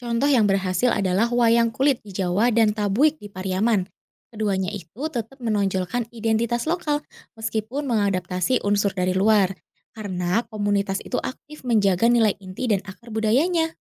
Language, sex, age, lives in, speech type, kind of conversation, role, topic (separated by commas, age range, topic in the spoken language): Indonesian, female, 30-34, Indonesia, read, expert-assistant, expert, Menurut Anda, mana yang lebih berpengaruh dalam penyebaran agama di Indonesia: peran pedagang atau peran para raja?